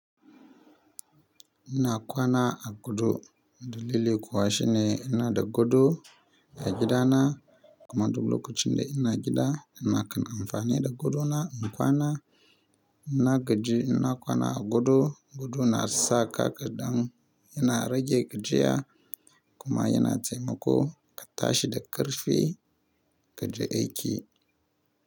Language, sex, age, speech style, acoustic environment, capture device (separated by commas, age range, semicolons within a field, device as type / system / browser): Hausa, male, 25-34, relaxed; happy, nature; silence, mobile / Linux / Chrome